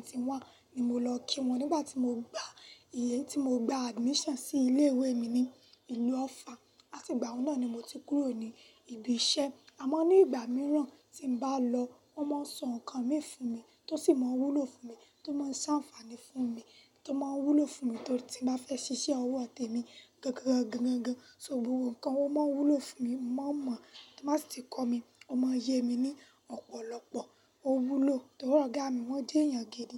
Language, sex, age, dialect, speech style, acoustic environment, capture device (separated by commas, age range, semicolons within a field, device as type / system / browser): Yoruba, female, 18-24, Nigeria - Lagos Yoruba, happy; nervous, silence, mobile / Linux / Chrome